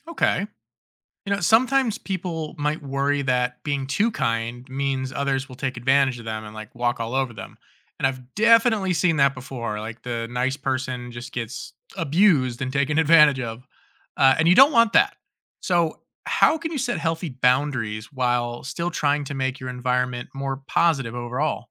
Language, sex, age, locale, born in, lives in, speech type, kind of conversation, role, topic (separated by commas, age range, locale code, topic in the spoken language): English, male, 30-34, en-US, United States, United States, read, expert-assistant, asker, How does kindness create a positive environment?